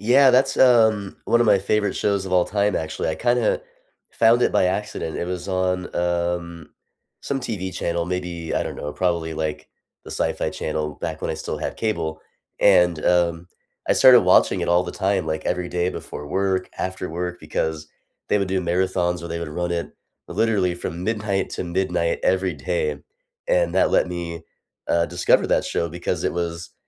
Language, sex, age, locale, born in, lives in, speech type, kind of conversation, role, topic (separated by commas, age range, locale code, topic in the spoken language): English, male, 30-34, en-US, United States, United States, conversation, unstructured, none, Which TV series would you recommend to everyone, and why?